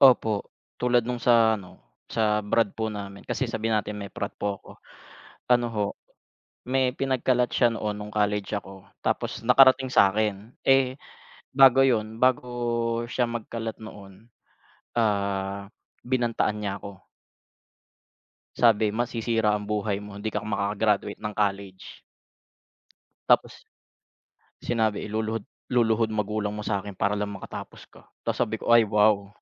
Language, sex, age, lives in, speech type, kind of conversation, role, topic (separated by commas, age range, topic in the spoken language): Filipino, male, 30-34, Philippines, conversation, unstructured, none, Ano ang ginagawa mo kapag nagtatampuhan kayo ng kaibigan mo?